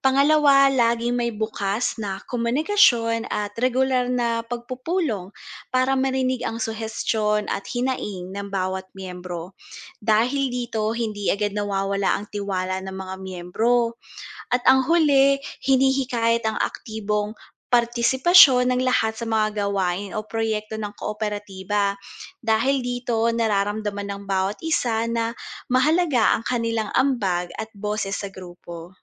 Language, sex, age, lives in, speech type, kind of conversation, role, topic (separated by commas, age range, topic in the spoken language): Filipino, female, 30-34, Philippines, read, expert-assistant, expert, Paano napapabuti ng mga kooperatiba ang kabuhayan ng mga miyembro nito?